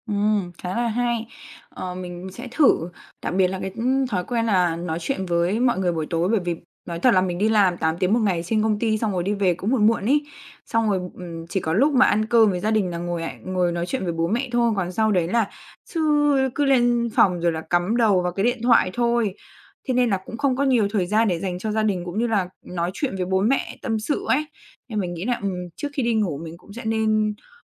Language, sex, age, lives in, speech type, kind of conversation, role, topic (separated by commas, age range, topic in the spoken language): Vietnamese, female, 20-24, Vietnam, conversation, advice, user, Làm thế nào để dậy sớm đều đặn khi bạn thường xuyên ngủ nướng?